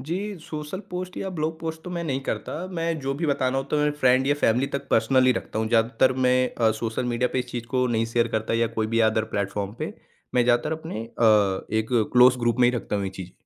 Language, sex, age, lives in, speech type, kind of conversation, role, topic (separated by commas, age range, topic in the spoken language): Hindi, male, 40-44, India, conversation, podcast, guest, Social media use se tumhare mood par kya asar padta hai?